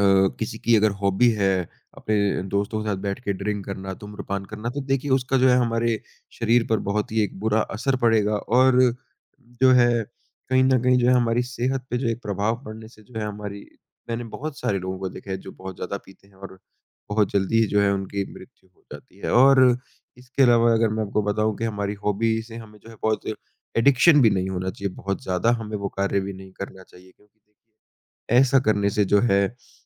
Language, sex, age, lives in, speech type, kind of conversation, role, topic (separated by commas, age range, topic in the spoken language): Hindi, male, 20-24, India, conversation, unstructured, none, क्या आपको लगता है कि शौक़ों का मानसिक स्वास्थ्य पर सकारात्मक प्रभाव पड़ता है?